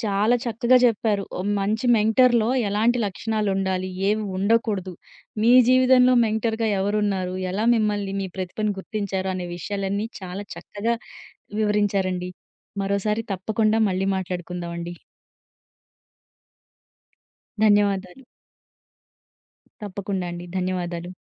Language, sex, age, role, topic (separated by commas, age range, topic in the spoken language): Telugu, female, 30-34, host, మంచి మార్గదర్శకుడిలో ఉండవలసిన ముఖ్యమైన లక్షణాలు ఏమిటి?